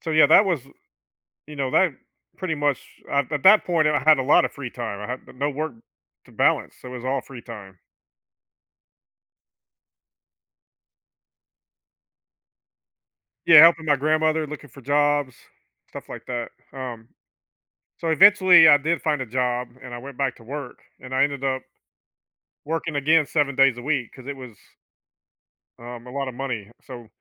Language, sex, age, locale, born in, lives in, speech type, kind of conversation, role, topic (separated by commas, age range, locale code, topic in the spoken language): English, male, 50-54, en-US, United States, United States, conversation, podcast, guest, What helps you maintain a healthy separation between your job and your personal life?